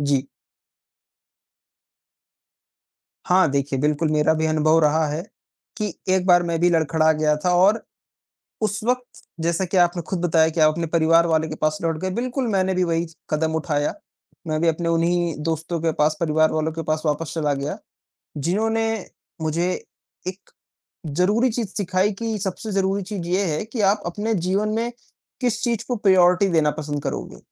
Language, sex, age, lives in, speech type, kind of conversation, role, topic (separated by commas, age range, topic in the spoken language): Hindi, male, 55-59, India, conversation, unstructured, none, आपके अनुसार मानसिक स्वास्थ्य क्यों ज़रूरी है?